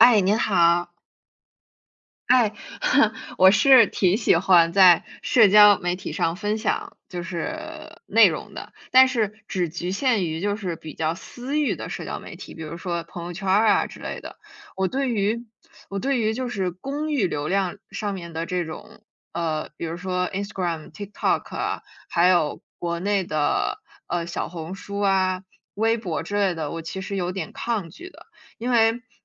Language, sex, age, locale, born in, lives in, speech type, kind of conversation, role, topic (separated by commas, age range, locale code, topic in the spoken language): Chinese, female, 20-24, zh-CN, United States, United States, conversation, unstructured, none, 你觉得社交媒体会影响你的自我认同吗？